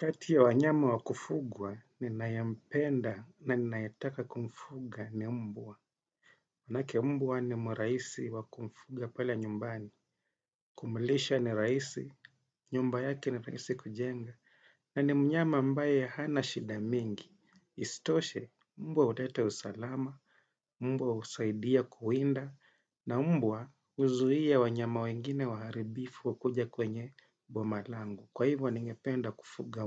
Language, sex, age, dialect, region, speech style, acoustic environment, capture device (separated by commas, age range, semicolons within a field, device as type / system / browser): Swahili, male, 35-44, Kenya - Mombasa, Kenya, happy, silence, desktop / Windows / Chrome